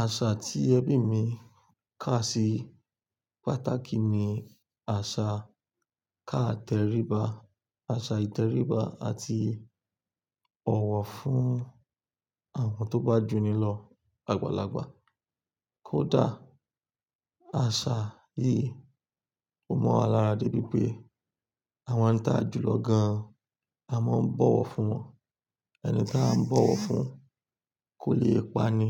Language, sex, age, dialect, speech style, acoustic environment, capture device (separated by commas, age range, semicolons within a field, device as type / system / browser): Yoruba, male, 25-34, Nigeria - Lagos Yoruba, relaxed; focused, silence; nature, desktop / Linux / Chrome